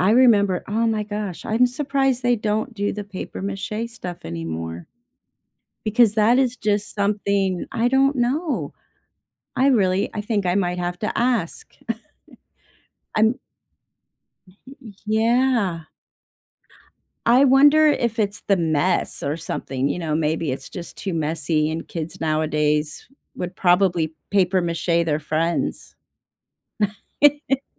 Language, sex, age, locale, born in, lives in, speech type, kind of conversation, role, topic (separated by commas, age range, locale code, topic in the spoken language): English, female, 55-59, en-US, United States, United States, conversation, unstructured, none, What class project did you love, and what made it memorable?